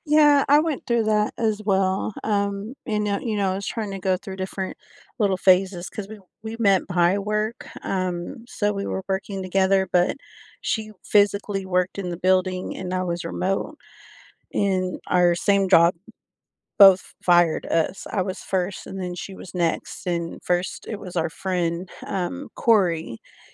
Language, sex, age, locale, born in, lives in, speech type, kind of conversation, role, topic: English, female, 35-39, en-US, United States, United States, conversation, unstructured, none, How can you manage meeting overload without burning bridges, protecting your focus while keeping relationships strong?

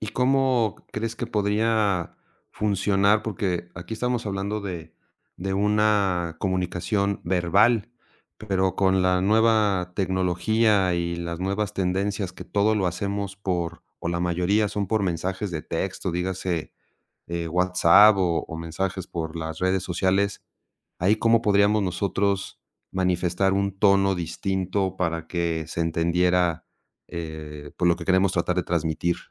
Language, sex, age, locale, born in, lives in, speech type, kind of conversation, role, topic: Spanish, male, 50-54, es-MX, Mexico, Mexico, conversation, podcast, host, ¿Cómo afecta el tono al comunicarnos?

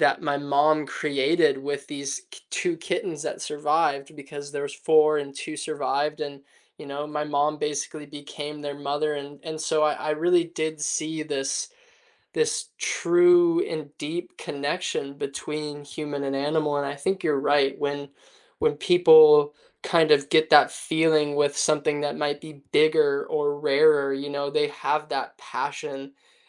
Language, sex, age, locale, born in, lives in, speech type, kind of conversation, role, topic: English, male, 20-24, en-US, United States, United States, conversation, unstructured, none, Should people be allowed to keep exotic animals as pets?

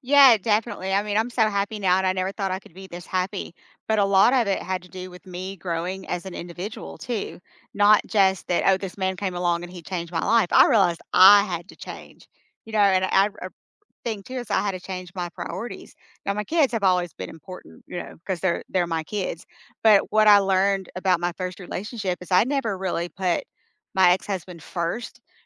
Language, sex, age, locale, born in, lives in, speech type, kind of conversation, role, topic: English, female, 50-54, en-US, United States, United States, conversation, unstructured, none, What’s a moment that changed how you see life?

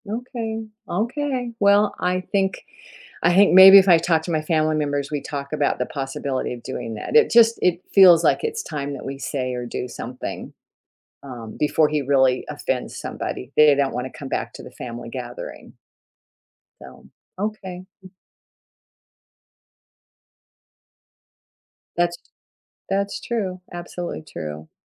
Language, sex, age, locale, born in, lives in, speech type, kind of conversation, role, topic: English, female, 70-74, en-US, United States, United States, conversation, advice, user, How can I set boundaries and stay calm when a family member is rude at gatherings?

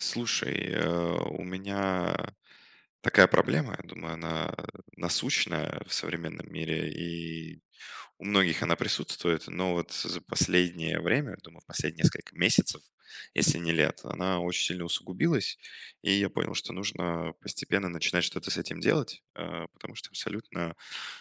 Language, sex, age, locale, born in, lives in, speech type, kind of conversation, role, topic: Russian, male, 20-24, ru-RU, Russia, Germany, conversation, advice, user, Как создать среду без цифрового шума для работы?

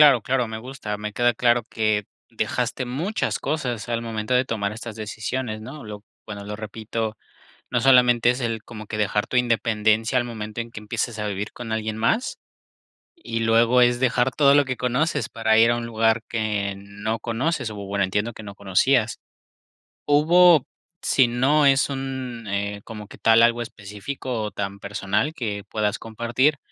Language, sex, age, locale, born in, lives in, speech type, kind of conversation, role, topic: Spanish, male, 25-29, es-MX, Mexico, Mexico, conversation, podcast, host, ¿Qué aprendiste de las decisiones que te salieron mal?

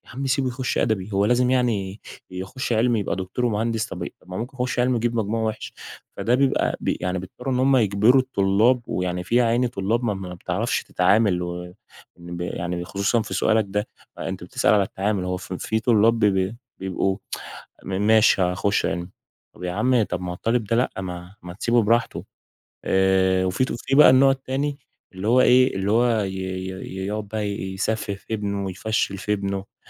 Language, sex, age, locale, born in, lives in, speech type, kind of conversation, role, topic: Arabic, male, 20-24, ar-EG, Egypt, Egypt, conversation, podcast, guest, إزاي نعلّم الطلبة ما يخافوش من الفشل؟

